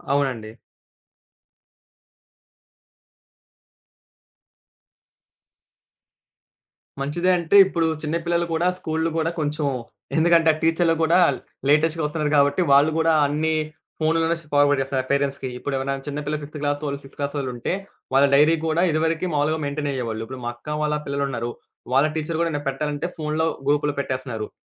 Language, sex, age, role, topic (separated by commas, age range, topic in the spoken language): Telugu, male, 20-24, guest, రోజంతా మీ స్క్రీన్ సమయాన్ని మీరు ఎలా నిర్వహిస్తారు?